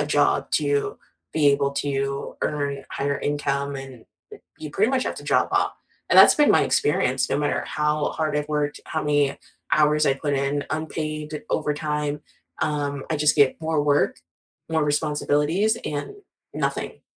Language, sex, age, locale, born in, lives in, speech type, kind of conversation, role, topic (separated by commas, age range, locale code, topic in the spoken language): English, female, 30-34, en-US, United States, United States, conversation, unstructured, none, What did your first job teach you, and how does it still shape you?